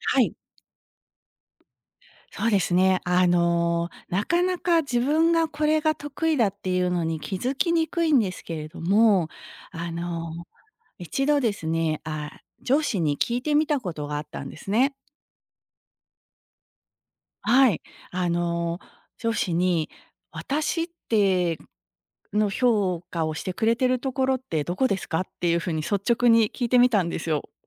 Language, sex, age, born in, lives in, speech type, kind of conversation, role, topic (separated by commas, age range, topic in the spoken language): Japanese, female, 50-54, Japan, United States, conversation, podcast, guest, 自分の強みを見つけるにはどうすればいいですか？